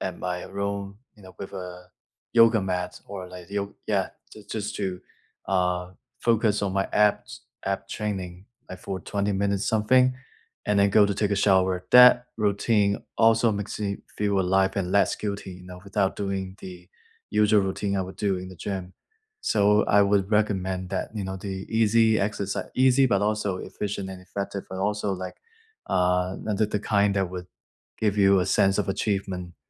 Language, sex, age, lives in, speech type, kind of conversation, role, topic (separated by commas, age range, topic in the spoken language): English, male, 25-29, United States, conversation, unstructured, none, If you could wipe your weekly routine clean, what would you create to support your goals over the next few years?